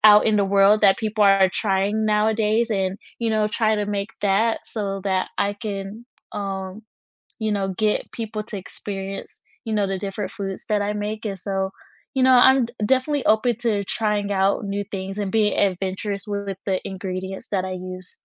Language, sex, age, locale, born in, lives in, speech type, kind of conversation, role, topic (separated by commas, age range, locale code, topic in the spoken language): English, female, 20-24, en-US, United States, United States, conversation, unstructured, none, What’s a small risk you’ve taken recently, and what did you learn from it?